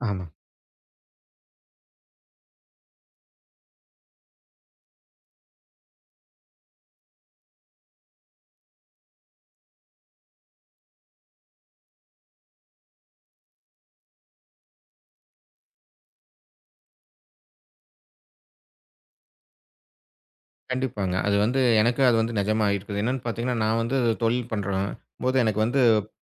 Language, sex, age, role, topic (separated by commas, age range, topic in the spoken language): Tamil, male, 35-39, host, உங்கள் கனவு வேலை எப்படி இருக்கும்?